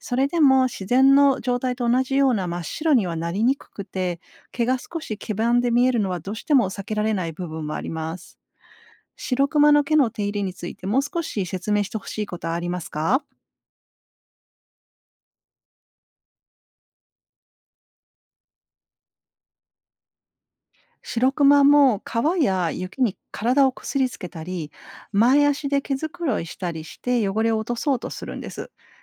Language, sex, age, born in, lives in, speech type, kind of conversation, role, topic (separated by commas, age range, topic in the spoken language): Japanese, female, 50-54, Japan, Japan, read, expert-assistant, expert, なぜシロクマの毛は白く見えるのでしょうか？